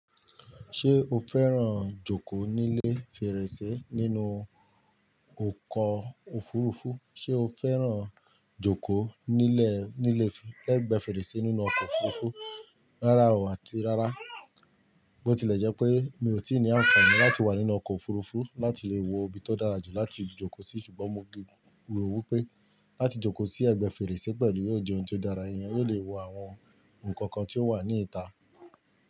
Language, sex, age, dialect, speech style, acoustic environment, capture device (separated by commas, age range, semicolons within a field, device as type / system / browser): Yoruba, male, 35-44, Nigeria - Ibadan, focused, nature, mobile / Linux / Chrome